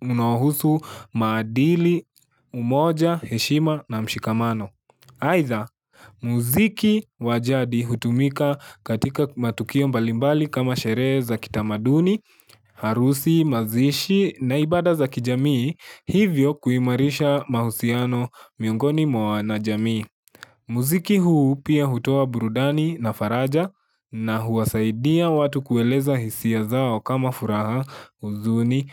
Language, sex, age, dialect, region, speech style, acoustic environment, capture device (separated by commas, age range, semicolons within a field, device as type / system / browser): Swahili, male, 18-24, Kenya - Nairobi Sheng-influenced, Kenya, focused; relaxed, silence, mobile / Linux / Chrome